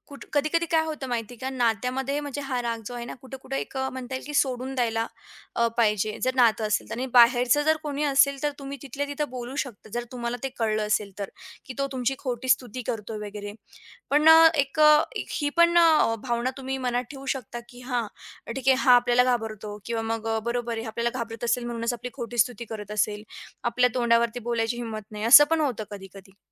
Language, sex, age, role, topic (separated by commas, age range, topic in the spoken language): Marathi, female, 40-44, guest, कौतुकाच्या शब्दांनी घरातला माहोल कसा बदलतो?